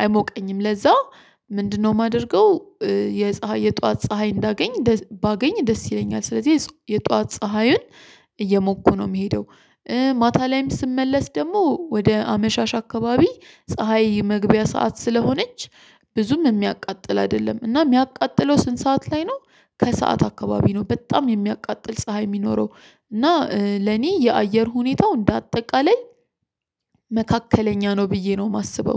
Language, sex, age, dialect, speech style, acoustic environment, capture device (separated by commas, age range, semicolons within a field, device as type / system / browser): Amharic, female, 25-34, Ethiopia - Addis Ababa, stressed; focused, silence, mobile / Linux / Chrome